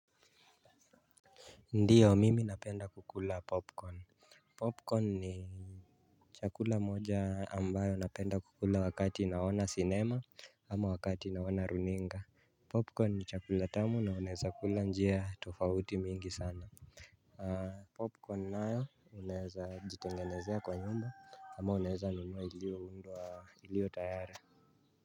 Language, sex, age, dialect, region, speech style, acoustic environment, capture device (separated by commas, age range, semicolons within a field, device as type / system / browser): Swahili, male, 25-34, Kenya - Nairobi Sheng-influenced, Kenya, relaxed; focused, nature; silence, mobile / Linux / Chrome